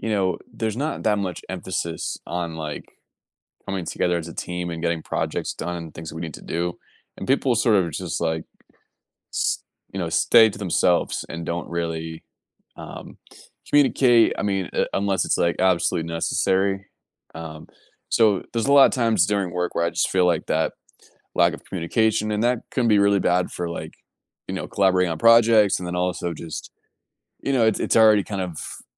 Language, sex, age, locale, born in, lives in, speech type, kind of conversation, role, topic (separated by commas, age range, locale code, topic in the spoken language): English, male, 30-34, en-US, United States, United States, conversation, advice, user, How can I improve communication with coworkers?